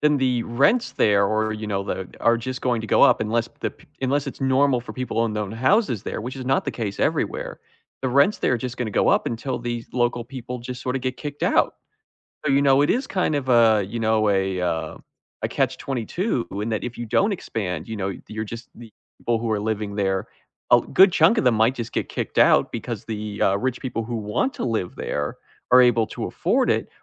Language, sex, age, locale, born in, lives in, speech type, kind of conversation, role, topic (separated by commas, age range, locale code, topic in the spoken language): English, male, 20-24, en-US, United States, United States, conversation, unstructured, none, How does deforestation affect animals and people?